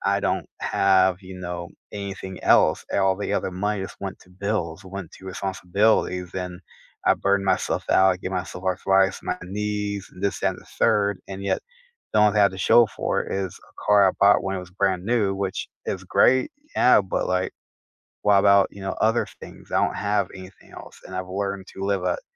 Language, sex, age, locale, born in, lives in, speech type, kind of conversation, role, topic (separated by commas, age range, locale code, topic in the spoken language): English, male, 30-34, en-US, United States, United States, conversation, unstructured, none, What are some everyday ways you care for your mental health, and how can we support each other?